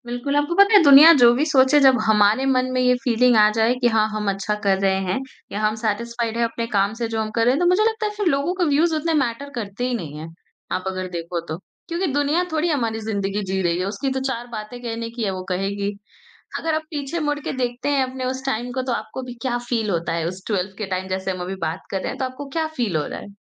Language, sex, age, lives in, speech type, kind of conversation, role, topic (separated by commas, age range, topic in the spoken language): Hindi, female, 40-44, India, conversation, podcast, host, Kya tumhare liye kabhi asafalta ek naya mod ban gayi thi?